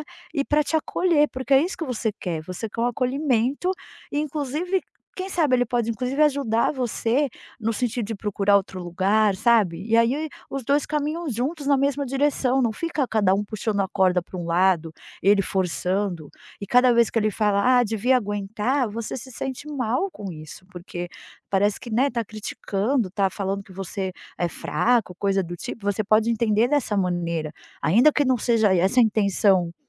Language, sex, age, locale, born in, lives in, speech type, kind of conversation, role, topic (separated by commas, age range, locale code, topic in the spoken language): Portuguese, female, 40-44, pt-BR, Brazil, United States, conversation, advice, advisor, Como posso lidar com a minha família criticando as minhas escolhas pessoais?